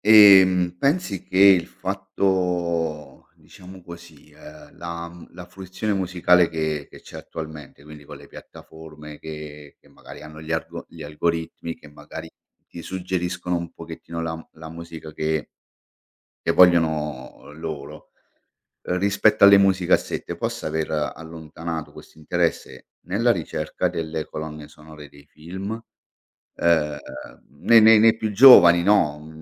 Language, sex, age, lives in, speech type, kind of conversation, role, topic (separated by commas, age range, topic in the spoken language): Italian, male, 45-49, Italy, conversation, podcast, host, Quanto conta la colonna sonora in un film?